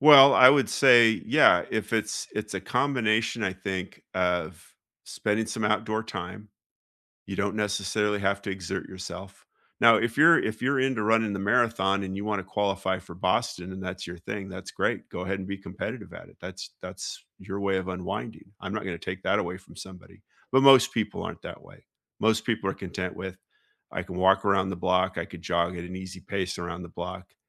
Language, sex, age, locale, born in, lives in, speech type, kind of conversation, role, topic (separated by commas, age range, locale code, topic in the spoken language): English, male, 55-59, en-US, United States, United States, conversation, unstructured, none, What is a comfort game or hobby you use to unwind after work?